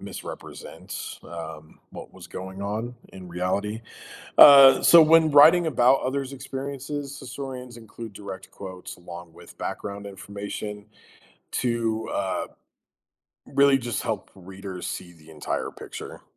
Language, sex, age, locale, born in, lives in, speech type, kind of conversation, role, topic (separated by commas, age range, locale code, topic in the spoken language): English, male, 35-39, en-US, United States, United States, read, expert-assistant, expert, Why is it important to learn from history?